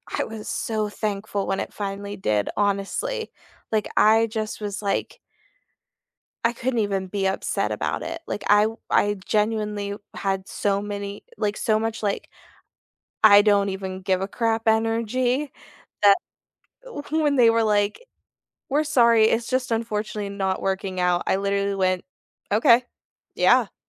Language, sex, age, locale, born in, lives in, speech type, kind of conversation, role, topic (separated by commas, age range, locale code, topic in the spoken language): English, female, 25-29, en-US, United States, United States, conversation, unstructured, none, What challenge did you overcome this month, big or small?